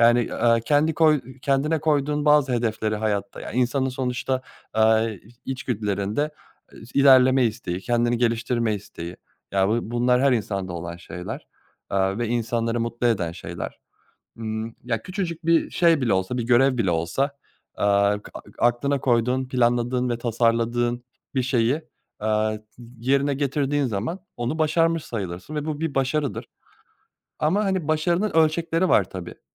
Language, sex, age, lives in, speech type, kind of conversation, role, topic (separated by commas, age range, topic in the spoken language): Turkish, male, 30-34, Germany, conversation, podcast, guest, Başarıyı aile beklentilerinden nasıl ayırıyorsun?